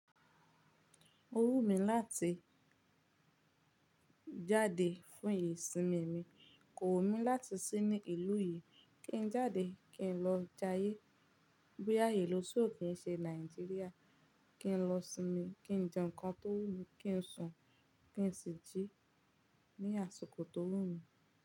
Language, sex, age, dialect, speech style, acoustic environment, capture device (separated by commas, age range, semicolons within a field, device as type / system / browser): Yoruba, female, 18-24, Nigeria - Ibadan, focused; relaxed, nature; silence, mobile / Linux / Chrome